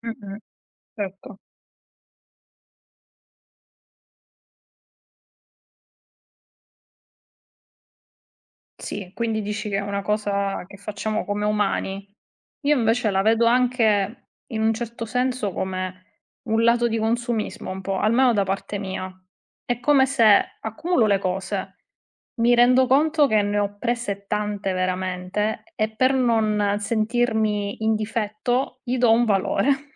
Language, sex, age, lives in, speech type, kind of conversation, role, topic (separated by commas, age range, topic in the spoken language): Italian, female, 30-34, Italy, conversation, unstructured, none, Qual è un oggetto che ti fa tornare in mente un ricordo speciale?